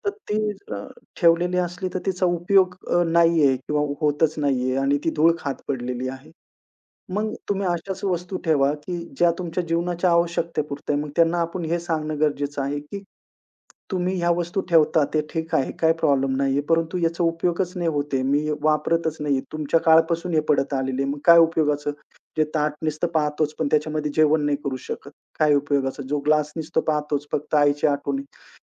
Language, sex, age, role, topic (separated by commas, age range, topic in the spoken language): Marathi, male, 35-39, guest, अनावश्यक वस्तू दूर करताना तुमच्या भावना तुम्ही कशा हाताळता?